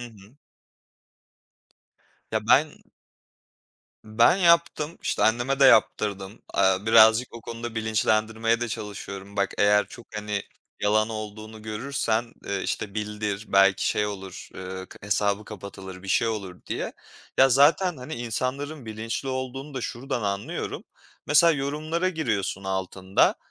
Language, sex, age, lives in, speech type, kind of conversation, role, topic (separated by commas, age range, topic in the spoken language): Turkish, male, 25-29, Poland, conversation, podcast, guest, Sosyal medyanın öğrenmeye etkisi sence nedir?